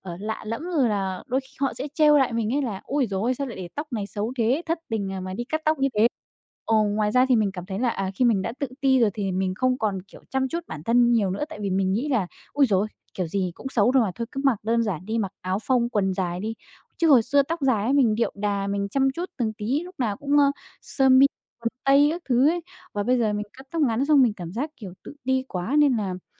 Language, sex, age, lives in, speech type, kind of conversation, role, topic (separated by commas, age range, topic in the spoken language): Vietnamese, female, 20-24, Vietnam, conversation, advice, user, Bạn đang cảm thấy áp lực như thế nào về ngoại hình và cách ăn mặc của mình?